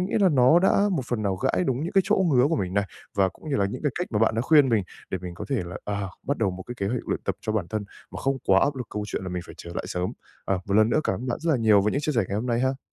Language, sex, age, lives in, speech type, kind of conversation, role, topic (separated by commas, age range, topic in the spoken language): Vietnamese, male, 20-24, Japan, conversation, advice, user, Làm sao để bắt đầu thói quen vận động hằng ngày?